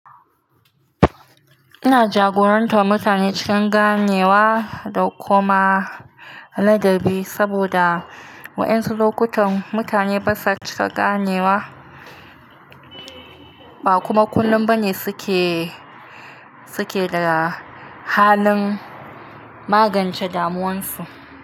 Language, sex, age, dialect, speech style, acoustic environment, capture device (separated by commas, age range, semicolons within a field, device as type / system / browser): Hausa, female, 25-34, Nigeria - Nigerian Standard, relaxed, nature; silence, mobile / Linux / Chrome